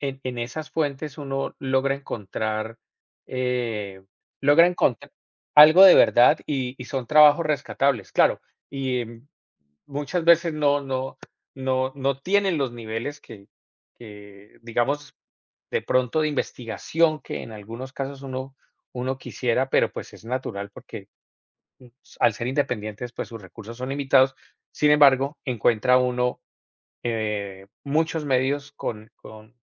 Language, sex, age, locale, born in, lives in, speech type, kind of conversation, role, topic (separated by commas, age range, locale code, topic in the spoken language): Spanish, male, 45-49, es-CO, Colombia, United States, conversation, unstructured, none, ¿Qué opinas sobre la manera en que los medios presentan las noticias?